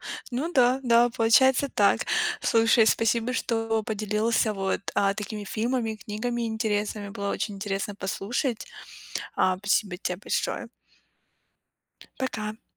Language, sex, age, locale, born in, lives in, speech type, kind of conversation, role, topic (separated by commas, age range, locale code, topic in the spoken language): Russian, female, 25-29, ru-RU, Ukraine, United States, conversation, podcast, host, Какие книги или фильмы повлияли на твой взгляд на жизнь?